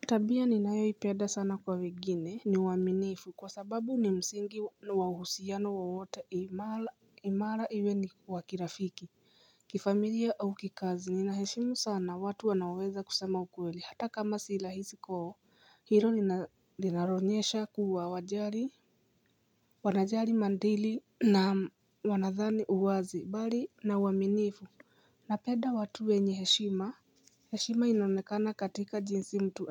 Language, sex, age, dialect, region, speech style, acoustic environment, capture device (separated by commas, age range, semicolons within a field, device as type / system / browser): Swahili, female, 35-44, Kenya - Nairobi Sheng-influenced, Kenya, nervous, silence, mobile / Linux / Chrome